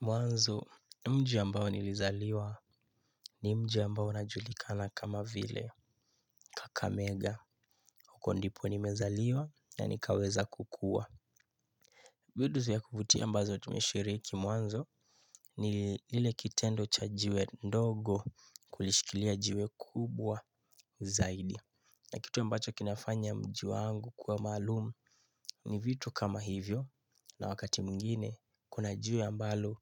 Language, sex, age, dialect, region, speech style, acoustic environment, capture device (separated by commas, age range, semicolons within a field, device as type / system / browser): Swahili, male, 18-24, Kenya - Nairobi Sheng-influenced, Kenya, relaxed, silence, mobile / Linux / Chrome